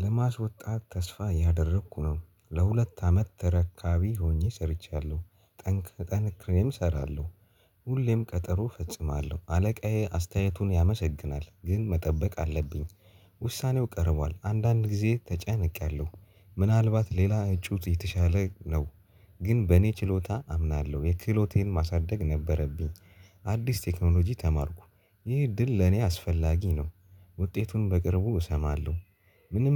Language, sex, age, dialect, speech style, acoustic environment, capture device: Amharic, male, 18-24, Ethiopia - Addis Ababa, happy, people talking, mobile / Linux / Chrome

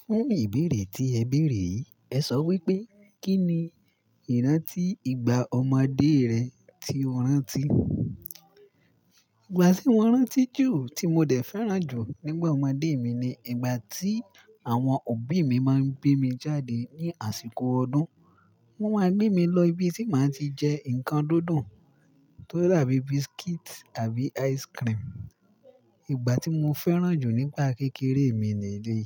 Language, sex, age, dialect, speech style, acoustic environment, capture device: Yoruba, male, 18-24, Nigeria - Ibadan, relaxed, nature, mobile / Linux / Chrome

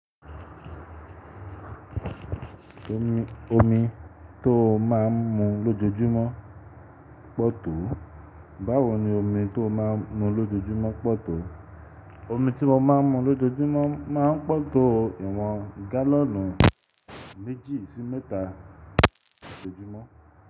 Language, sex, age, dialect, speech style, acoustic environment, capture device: Yoruba, male, 25-34, Nigeria - Lagos, relaxed, nature, desktop / Linux / Chrome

